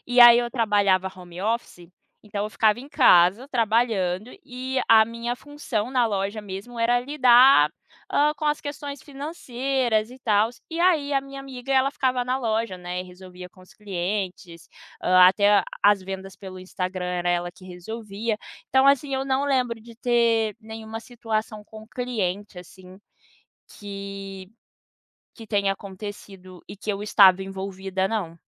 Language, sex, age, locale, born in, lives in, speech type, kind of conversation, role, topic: Portuguese, female, 25-29, pt-BR, Brazil, United States, conversation, podcast, guest, Você evita conflitos ou prefere encará-los de frente?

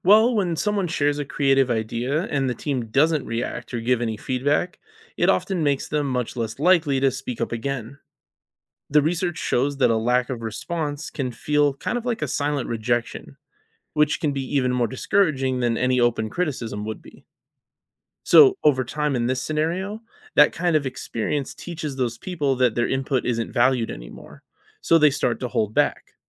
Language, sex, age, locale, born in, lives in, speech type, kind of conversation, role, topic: English, male, 35-39, en-US, United States, United States, read, expert-assistant, expert, How do social norms shape the way people develop their creative skills?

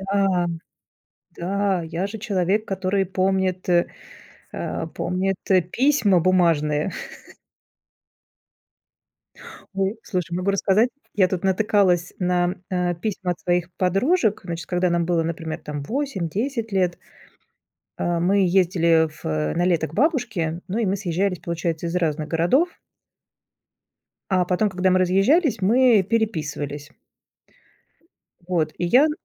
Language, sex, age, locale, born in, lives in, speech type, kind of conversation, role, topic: Russian, female, 45-49, ru-RU, Russia, Germany, conversation, podcast, guest, Как осень научила тебя отпускать прошлое и начинать заново?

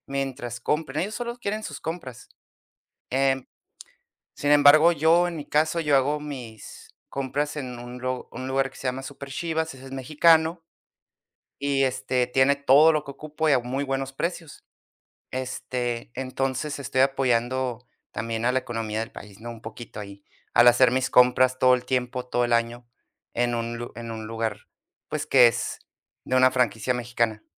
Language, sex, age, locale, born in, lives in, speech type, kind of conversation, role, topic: Spanish, male, 30-34, es-US, United States, United States, conversation, podcast, guest, ¿Qué opinas sobre comprar comida local frente a la importada?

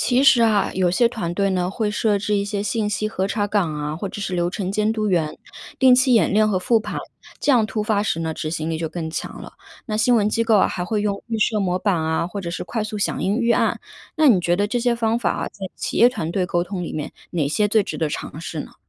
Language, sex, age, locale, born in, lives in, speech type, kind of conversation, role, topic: Chinese, female, 30-34, zh-CN, China, United States, read, expert-assistant, expert, 新闻记者平时用哪些方法来确保报道快速又靠谱？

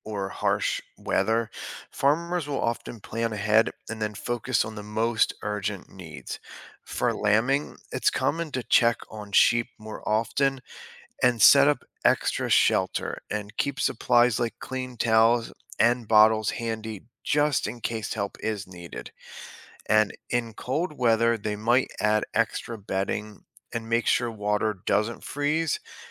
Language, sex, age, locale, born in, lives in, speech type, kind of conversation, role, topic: English, male, 35-39, en-US, United States, United States, read, expert-assistant, expert, What management approach works best for balancing animal welfare and farm efficiency on a small farm?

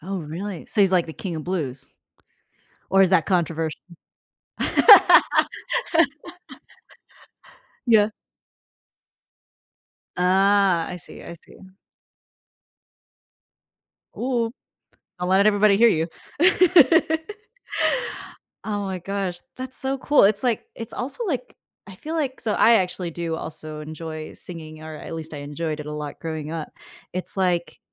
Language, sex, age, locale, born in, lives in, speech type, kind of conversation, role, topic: English, female, 25-29, en-US, United States, United States, conversation, podcast, host, Why do you think music is such a powerful source of comfort during difficult times?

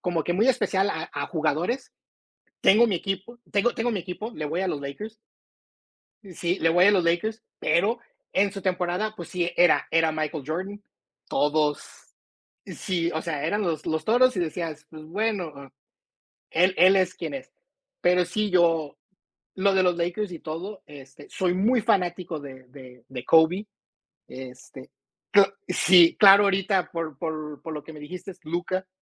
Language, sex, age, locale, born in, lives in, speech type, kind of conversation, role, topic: Spanish, male, 45-49, es-MX, United States, United States, conversation, unstructured, none, ¿Crees que los pasatiempos pueden ayudar a reducir el estrés?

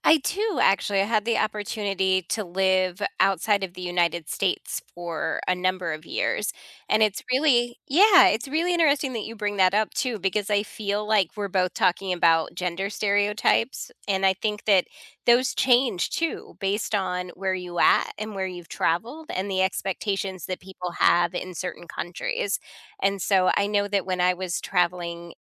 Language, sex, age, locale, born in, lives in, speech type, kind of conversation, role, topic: English, female, 40-44, en-US, United States, United States, conversation, unstructured, none, What do you wish people noticed first about you, and how could you help them notice it sooner?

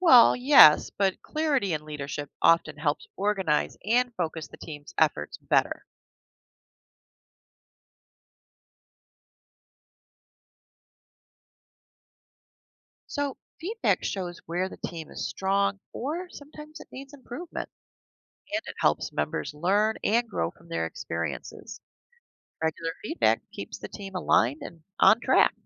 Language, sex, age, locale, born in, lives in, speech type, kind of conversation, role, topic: English, female, 55-59, en-US, United States, United States, read, expert-assistant, expert, What are the main factors in effective teamwork?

